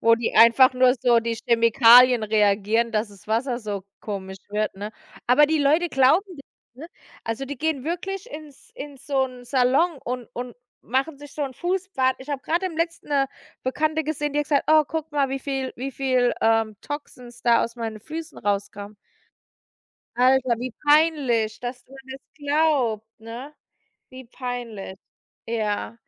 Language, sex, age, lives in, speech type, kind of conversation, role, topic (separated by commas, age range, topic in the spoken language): German, female, 35-39, United States, conversation, unstructured, none, Was denkst du über Falschmeldungen in den Medien?